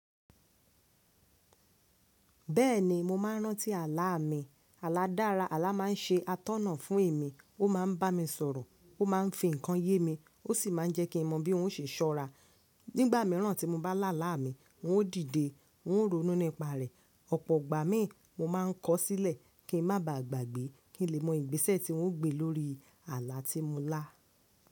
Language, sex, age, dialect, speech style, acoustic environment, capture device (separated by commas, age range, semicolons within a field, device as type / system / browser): Yoruba, female, 25-34, Nigeria - Lagos Yoruba, happy; focused, people talking; nature; silence, mobile / Linux / Chrome